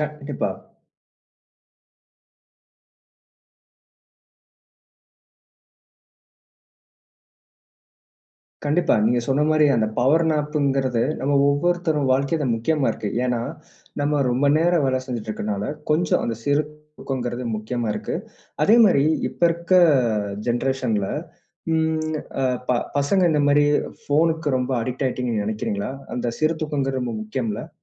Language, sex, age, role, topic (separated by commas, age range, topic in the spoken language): Tamil, male, 25-29, host, சிறிது நேரம் தூங்கினால் அது உங்களுக்கு எப்படி உதவும்?